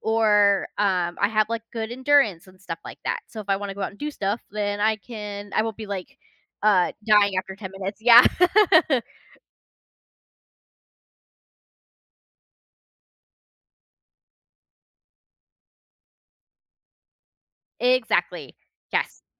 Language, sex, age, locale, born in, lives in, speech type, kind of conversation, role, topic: English, female, 30-34, en-US, United States, United States, conversation, unstructured, none, Why do you think so many people are attracted to diets that claim to offer fast results?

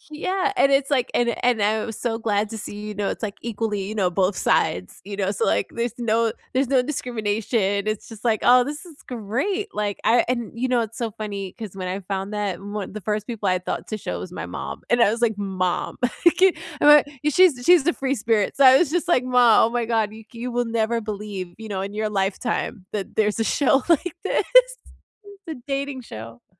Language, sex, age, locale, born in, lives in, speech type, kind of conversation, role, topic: English, female, 35-39, en-US, United States, United States, conversation, unstructured, none, Which reality shows are your guilty pleasures, and what makes them so addictively fun?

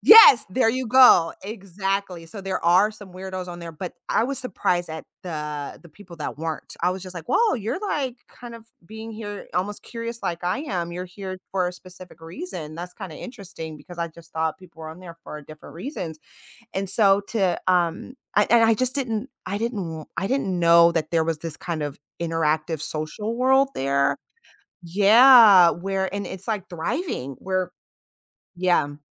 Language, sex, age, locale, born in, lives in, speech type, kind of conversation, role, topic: English, female, 35-39, en-US, United States, United States, conversation, advice, user, How can I stay positive and manage stress during a major life change?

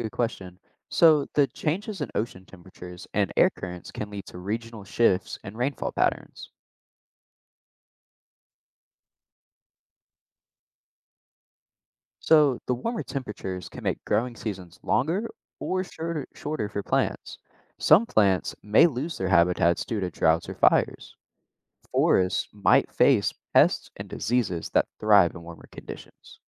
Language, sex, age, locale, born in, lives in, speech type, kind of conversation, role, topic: English, male, 18-19, en-US, United States, United States, read, expert-assistant, expert, How does climate change impact the environment?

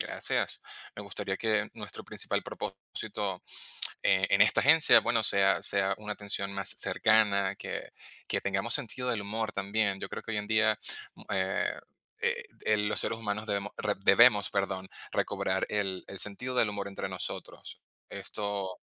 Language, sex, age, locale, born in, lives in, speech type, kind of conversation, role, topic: Spanish, male, 30-34, es-VE, Venezuela, Spain, conversation, unstructured, none, ¿Hay alguna meta que siempre hayas querido alcanzar?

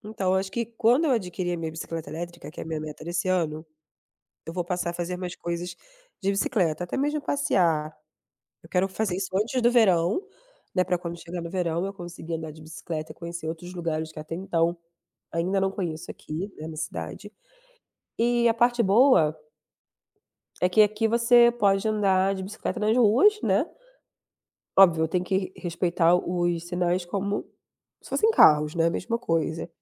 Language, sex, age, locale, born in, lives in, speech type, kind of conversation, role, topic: Portuguese, female, 30-34, pt-BR, Brazil, Germany, conversation, unstructured, none, Como você decide entre usar transporte público ou bicicleta?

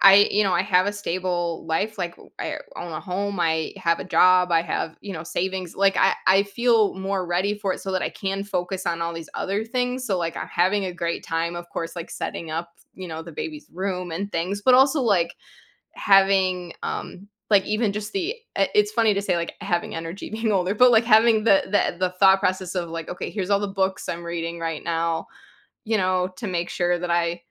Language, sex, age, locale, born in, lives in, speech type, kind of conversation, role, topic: English, female, 35-39, en-US, United States, United States, conversation, advice, user, How can I cope with excitement and nervousness as I become a new parent?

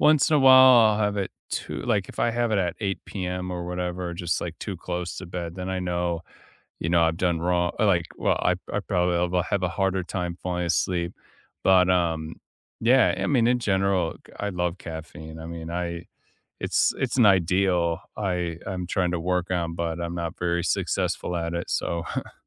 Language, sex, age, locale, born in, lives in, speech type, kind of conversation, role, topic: English, male, 30-34, en-US, United States, United States, conversation, unstructured, none, Which real bedtime rituals have actually helped you fall asleep faster, and how did you discover them?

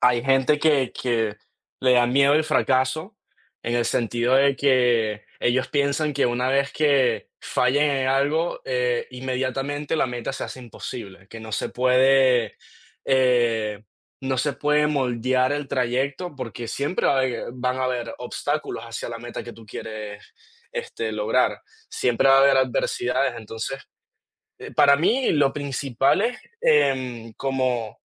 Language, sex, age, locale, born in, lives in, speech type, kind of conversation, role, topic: Spanish, male, 20-24, es-VE, Venezuela, United States, conversation, unstructured, none, ¿Qué te da miedo de no alcanzar tus metas?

